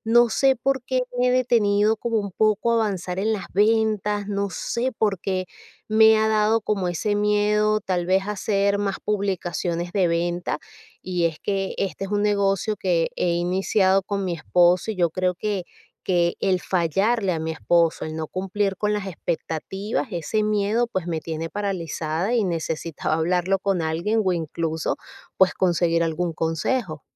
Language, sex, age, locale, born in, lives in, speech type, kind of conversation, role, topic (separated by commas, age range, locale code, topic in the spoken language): Spanish, female, 40-44, es-VE, Venezuela, United States, conversation, advice, user, ¿Cómo puedo superar las dudas que me impiden avanzar por miedo a fallar?